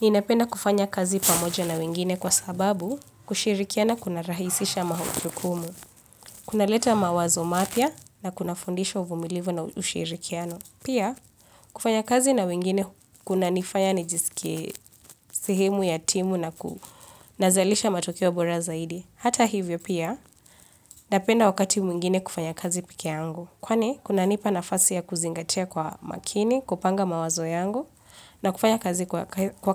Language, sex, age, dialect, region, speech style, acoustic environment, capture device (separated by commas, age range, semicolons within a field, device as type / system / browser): Swahili, female, 25-34, Kenya - Nairobi Sheng-influenced, Kenya, relaxed; focused, nature; appliances, mobile / Linux / Chrome